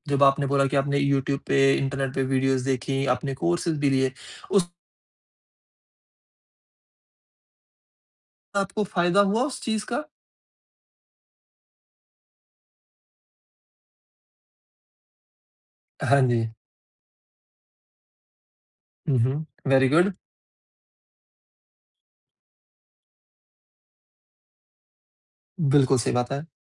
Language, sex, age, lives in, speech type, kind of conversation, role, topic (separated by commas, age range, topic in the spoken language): Hindi, male, 30-34, India, conversation, podcast, host, Tum apni galtiyon se kaise seekhte ho, aur koi ek example de sakte ho?